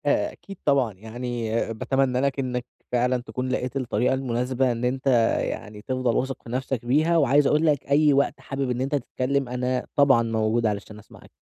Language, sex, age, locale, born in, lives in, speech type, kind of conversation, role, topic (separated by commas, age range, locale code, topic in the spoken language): Arabic, male, 20-24, ar-EG, Egypt, Egypt, conversation, advice, advisor, إزاي أحافظ على ثقتي في نفسي لما أخاف من حكم الناس عليّا؟